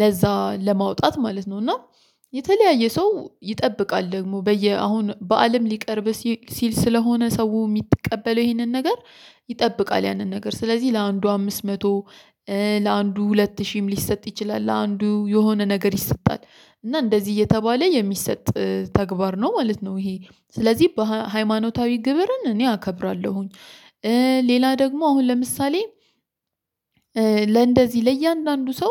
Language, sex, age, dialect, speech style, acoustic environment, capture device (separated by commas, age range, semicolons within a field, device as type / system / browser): Amharic, female, 25-34, Ethiopia - Addis Ababa, stressed; focused, silence, mobile / Linux / Chrome